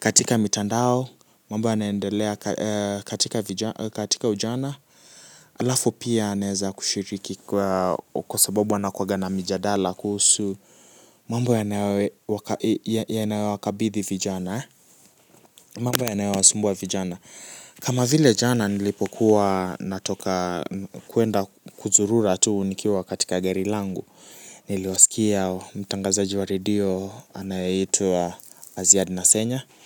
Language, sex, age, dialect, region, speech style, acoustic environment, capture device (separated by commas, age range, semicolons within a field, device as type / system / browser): Swahili, male, 18-24, Kenya - Nairobi Sheng-influenced, Kenya, focused, appliances, mobile / Linux / Chrome